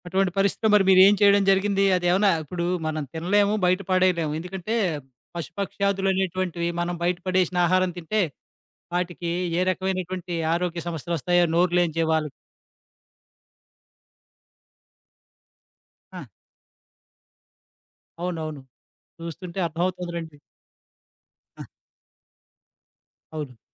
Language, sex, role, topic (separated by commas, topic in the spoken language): Telugu, male, host, అలసిపోయిన రోజుల్లో తక్కువ శ్రమతో రుచికరంగా వంటలు ఎలా తయారు చేస్తారు?